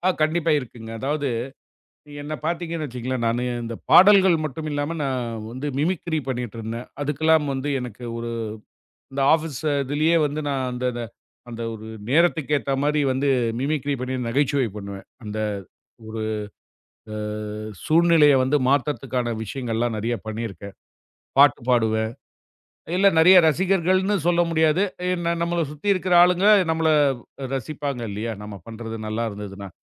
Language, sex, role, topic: Tamil, male, guest, இசையில் உங்கள் அடையாளம் எப்படி உருவானது?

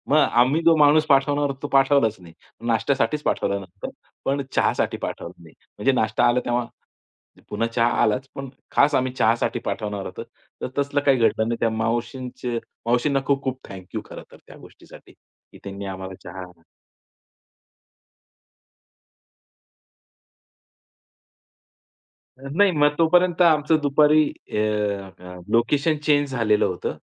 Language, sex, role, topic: Marathi, male, guest, एका कप चह्यातलं सुख तू कसं सांगशील?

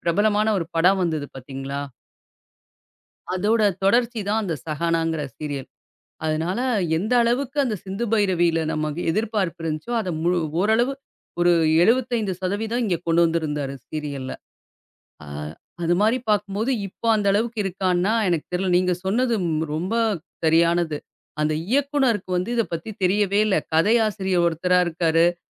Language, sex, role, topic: Tamil, female, host, தொலைக்காட்சியில் பெண்கள் கதாபாத்திரங்கள் எப்படி மாற்றப்பட்டுள்ளன?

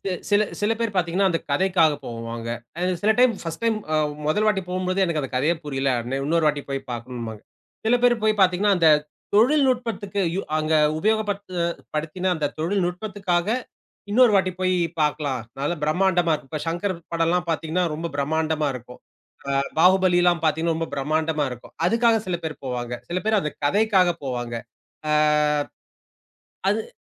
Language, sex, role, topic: Tamil, male, host, மீண்டும் ஒரு படத்தைப் பார்க்கும்போது அது உங்களுக்கு என்ன உணர்வுகளைத் தருகிறது?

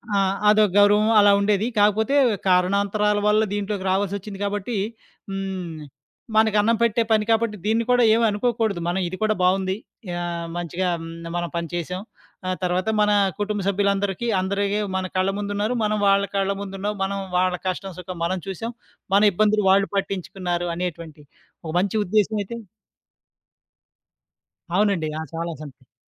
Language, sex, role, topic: Telugu, male, guest, పూర్తిగా విఫలమైనట్లు అనిపించినప్పుడు మీరు ఏమి చేస్తారు?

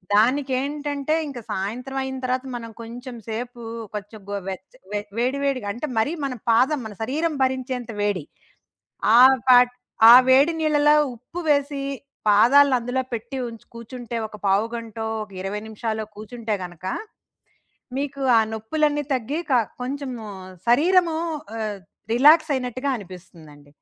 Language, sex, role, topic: Telugu, female, guest, ఒకసారి అలసటతో పూర్తిగా కుంగిపోయిన తర్వాత మీరు మళ్లీ మీ సాధారణ దినచర్యలోకి ఎలా వచ్చారు?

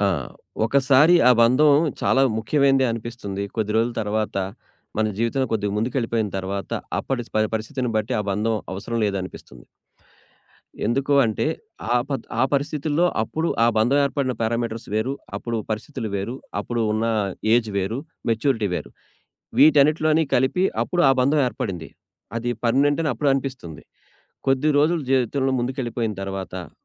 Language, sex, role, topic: Telugu, male, guest, దూరమైన సంబంధాన్ని మళ్లీ ఎలా దగ్గర చేసుకోవచ్చు?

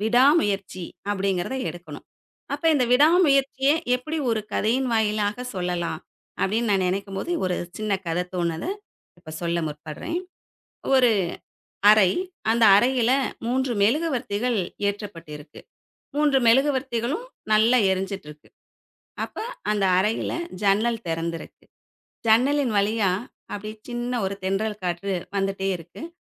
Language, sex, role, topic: Tamil, female, guest, ஒரு கதையை நீங்கள் எவ்வாறு தொடங்குவீர்கள்?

